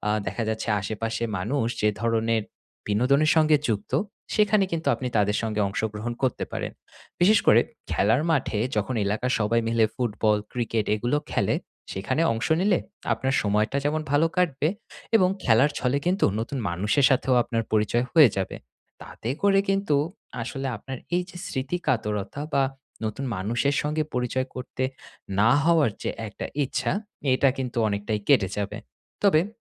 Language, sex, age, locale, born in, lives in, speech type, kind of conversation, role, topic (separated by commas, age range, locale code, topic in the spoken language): Bengali, male, 30-34, bn-BD, Bangladesh, Finland, conversation, advice, advisor, নতুন জীবনে মানিয়ে নিতে স্মৃতিগুলো কীভাবে সামলে রাখতে পারি?